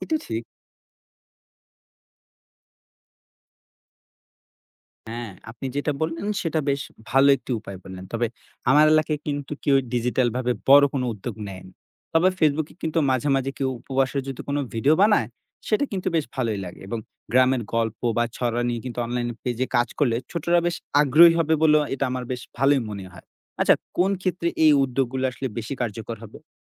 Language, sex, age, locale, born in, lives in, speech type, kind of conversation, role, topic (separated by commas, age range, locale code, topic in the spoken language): Bengali, male, 20-24, bn-BD, Bangladesh, Bangladesh, read, expert-assistant, asker, কেন কিছু ভাষা বিলুপ্ত হয়ে যায়, আর কিছু ভাষা টিকে থাকে—এর পেছনে সাংস্কৃতিক ও সামাজিক কারণ কী কী?